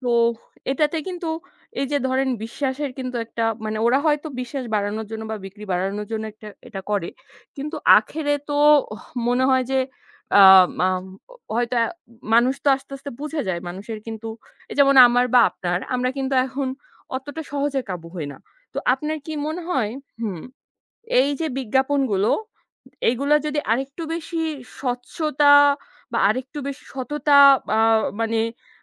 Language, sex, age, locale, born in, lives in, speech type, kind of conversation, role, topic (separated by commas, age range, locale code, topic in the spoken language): Bengali, female, 25-29, bn-BD, Bangladesh, Bangladesh, conversation, unstructured, none, কীভাবে বিজ্ঞাপন আমাদের মধ্যে ভয় বাড়িয়ে তোলে?